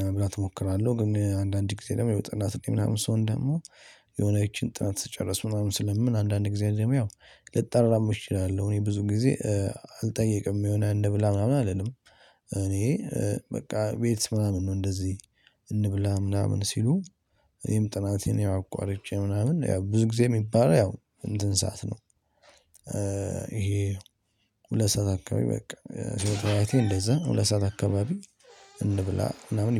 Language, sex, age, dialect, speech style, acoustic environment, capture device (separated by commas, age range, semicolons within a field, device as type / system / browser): Amharic, male, 18-24, Ethiopia - Addis Ababa, stressed; happy, people talking, mobile / Linux / Chrome